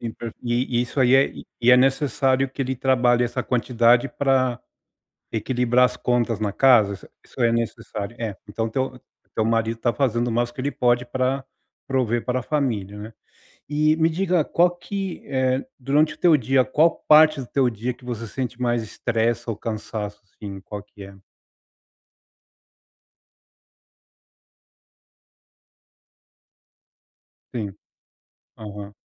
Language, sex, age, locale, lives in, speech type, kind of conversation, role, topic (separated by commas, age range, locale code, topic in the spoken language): Portuguese, male, 40-44, pt-BR, United States, conversation, advice, advisor, Como posso equilibrar trabalho, descanso e autocuidado sem me sentir sobrecarregado?